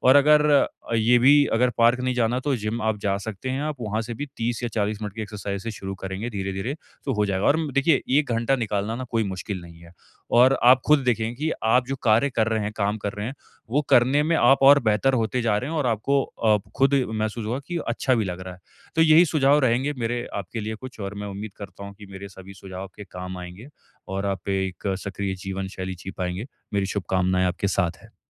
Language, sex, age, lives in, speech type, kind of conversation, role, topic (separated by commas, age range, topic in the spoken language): Hindi, male, 25-29, India, conversation, advice, advisor, सक्रिय जीवनशैली के लिए छोटे कदम